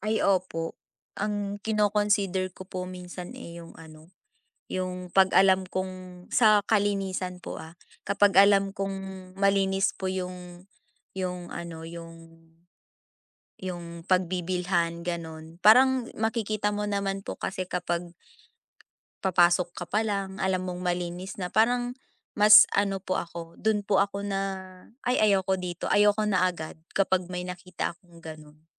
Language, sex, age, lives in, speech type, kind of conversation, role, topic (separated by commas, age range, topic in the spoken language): Filipino, female, 20-24, Philippines, conversation, unstructured, none, Ano ang opinyon mo sa pagkain sa bahay kumpara sa pagkain sa labas?